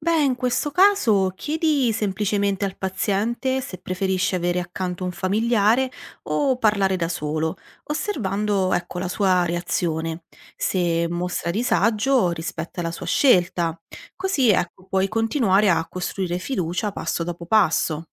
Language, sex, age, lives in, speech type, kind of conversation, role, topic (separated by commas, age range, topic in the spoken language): Italian, female, 30-34, Italy, read, expert-assistant, expert, Come si può migliorare nell’ascoltare davvero i pazienti e capire cosa non dicono apertamente?